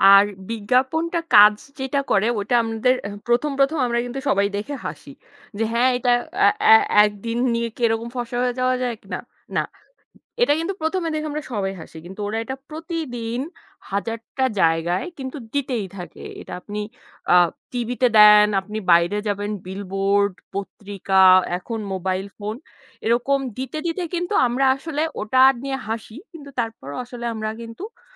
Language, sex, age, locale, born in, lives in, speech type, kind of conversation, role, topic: Bengali, female, 25-29, bn-BD, Bangladesh, Bangladesh, conversation, unstructured, none, কীভাবে বিজ্ঞাপন আমাদের মধ্যে ভয় বাড়িয়ে তোলে?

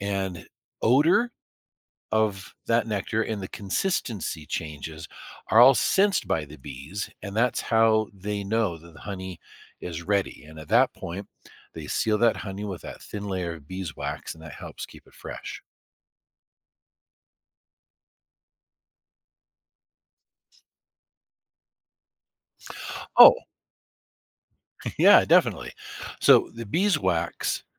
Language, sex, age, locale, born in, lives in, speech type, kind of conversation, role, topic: English, male, 50-54, en-US, United States, United States, read, expert-assistant, expert, How do bees make honey?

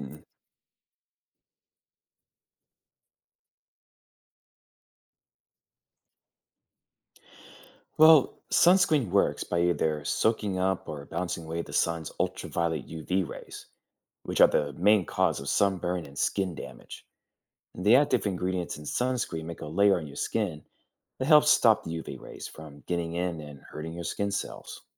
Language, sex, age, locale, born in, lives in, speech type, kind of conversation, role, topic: English, male, 30-34, en-US, United States, United States, read, expert-assistant, expert, How does sunscreen protect your skin from the sun?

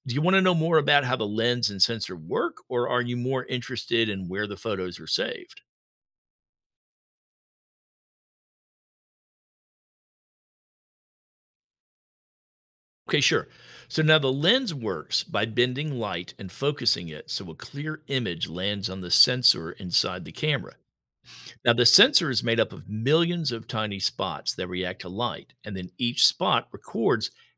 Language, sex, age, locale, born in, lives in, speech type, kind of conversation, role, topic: English, male, 60-64, en-US, United States, United States, read, expert-assistant, expert, How does a camera capture and store images?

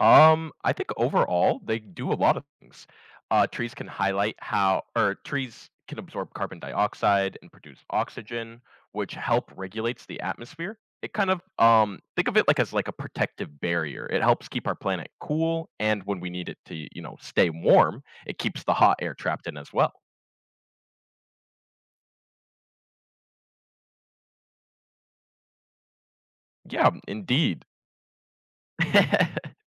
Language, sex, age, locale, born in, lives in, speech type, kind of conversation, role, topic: English, male, 20-24, en-US, United States, United States, conversation, podcast, guest, Why are trees essential for a healthy environment?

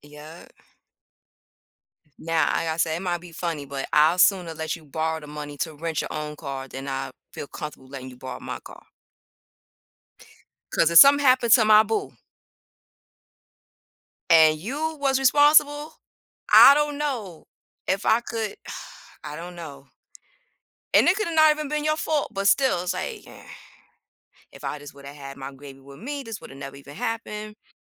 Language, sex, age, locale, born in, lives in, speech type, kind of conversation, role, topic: English, female, 40-44, en-US, United States, United States, conversation, unstructured, none, How does borrowing and sharing help build trust and connection in a community?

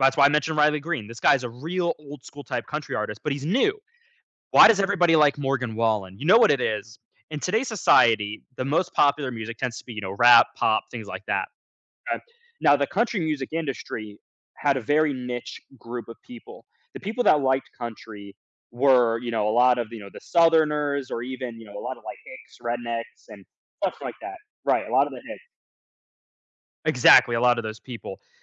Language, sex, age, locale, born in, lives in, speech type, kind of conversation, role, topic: English, male, 20-24, en-US, United States, United States, conversation, podcast, guest, How can a song shape your memories or life experiences?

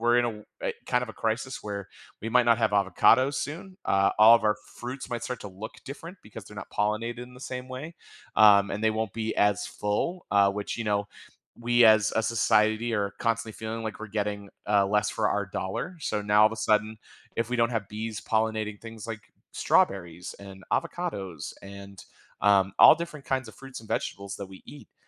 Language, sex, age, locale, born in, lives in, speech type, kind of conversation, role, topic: English, male, 35-39, en-US, United States, United States, conversation, podcast, guest, How do bees help maintain healthy ecosystems and support our food supply?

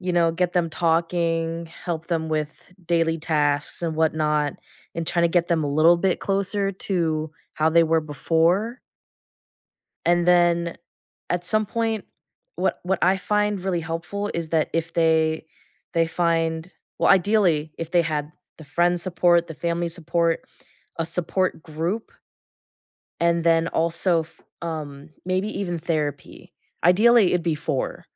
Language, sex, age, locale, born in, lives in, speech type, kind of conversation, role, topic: English, female, 20-24, en-US, United States, United States, conversation, podcast, guest, What are thoughtful ways to be there for a friend who is grieving?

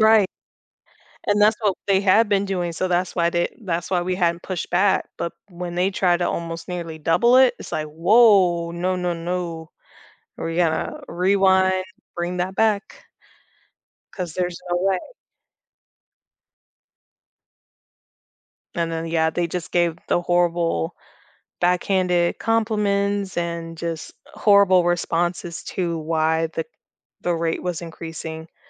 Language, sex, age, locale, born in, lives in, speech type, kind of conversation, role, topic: English, female, 30-34, en-US, United States, United States, conversation, podcast, guest, What helps communities successfully come together to address local challenges?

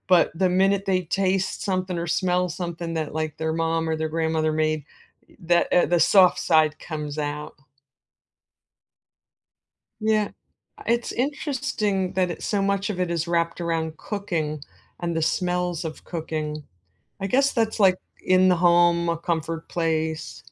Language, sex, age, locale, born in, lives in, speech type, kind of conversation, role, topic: English, female, 50-54, en-US, United States, United States, conversation, unstructured, none, What personal rituals, stories, or traditions help you honor and keep your loved ones' memories alive?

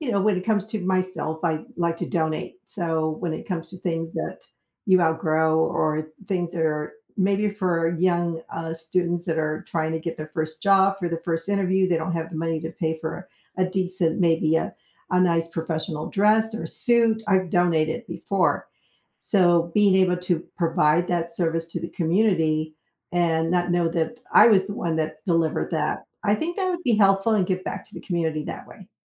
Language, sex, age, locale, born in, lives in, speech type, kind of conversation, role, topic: English, female, 65-69, en-US, United States, United States, conversation, unstructured, none, What would you do if you had the chance to be invisible for a day?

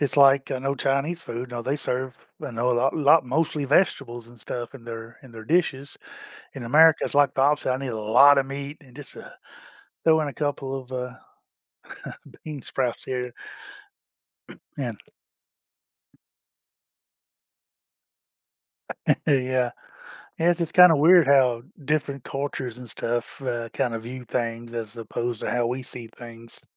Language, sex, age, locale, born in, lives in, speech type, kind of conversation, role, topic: English, male, 50-54, en-US, United States, United States, conversation, advice, user, How can I notice and enjoy small joyful moments daily?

